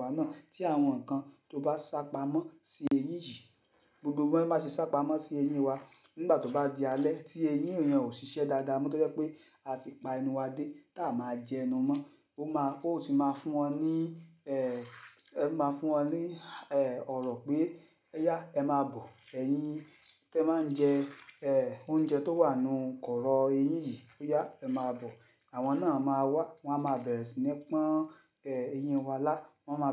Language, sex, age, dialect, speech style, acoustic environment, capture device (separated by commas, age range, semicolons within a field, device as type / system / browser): Yoruba, male, 35-44, Nigeria - Lagos Yoruba, happy; excited; focused, silence, mobile / Linux / Chrome